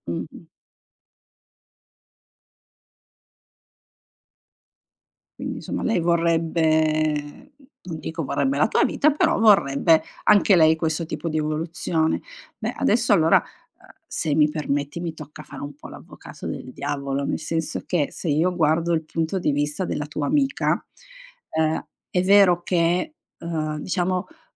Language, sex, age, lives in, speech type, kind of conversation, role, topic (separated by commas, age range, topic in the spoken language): Italian, female, 45-49, Italy, conversation, advice, advisor, Come posso affrontare un litigio e un periodo di silenzio con un amico molto caro?